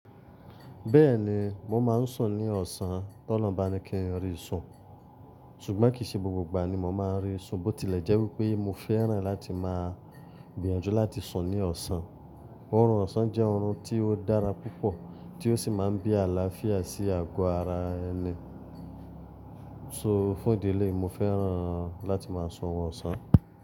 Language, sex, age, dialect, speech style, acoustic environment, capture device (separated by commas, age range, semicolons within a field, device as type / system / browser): Yoruba, male, 25-34, Nigeria - Lagos Yoruba, happy, silence, mobile / Linux / Chrome